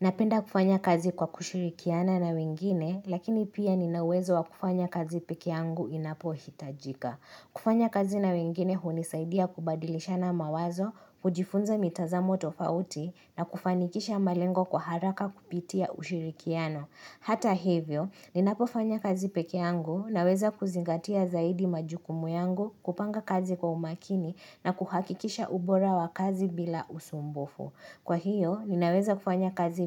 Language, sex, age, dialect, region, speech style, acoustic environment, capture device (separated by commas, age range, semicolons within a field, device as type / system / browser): Swahili, female, 25-34, Kenya - Nairobi Sheng-influenced, Kenya, relaxed, silence, mobile / Linux / Chrome